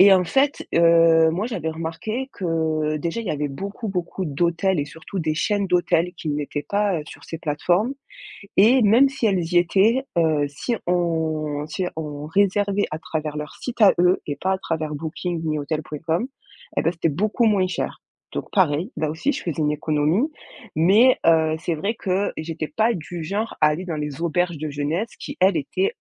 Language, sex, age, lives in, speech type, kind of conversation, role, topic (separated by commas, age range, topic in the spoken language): French, female, 25-29, France, conversation, podcast, guest, Quelles astuces pour voyager avec un petit budget ?